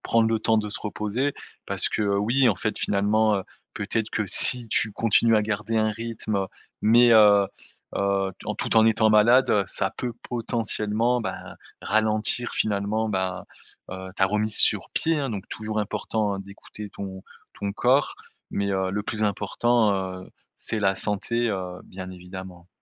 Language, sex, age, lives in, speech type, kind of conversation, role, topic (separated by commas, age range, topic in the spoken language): French, male, 30-34, France, conversation, advice, advisor, Comment reprendre le travail sans m'épuiser rapidement ?